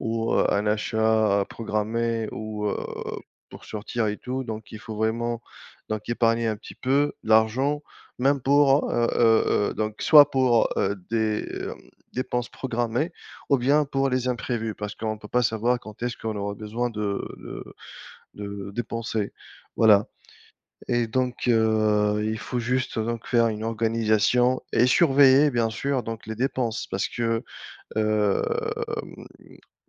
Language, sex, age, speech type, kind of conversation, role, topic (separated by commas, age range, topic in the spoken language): French, male, 35-39, conversation, unstructured, none, Comment gérez-vous votre budget chaque mois ?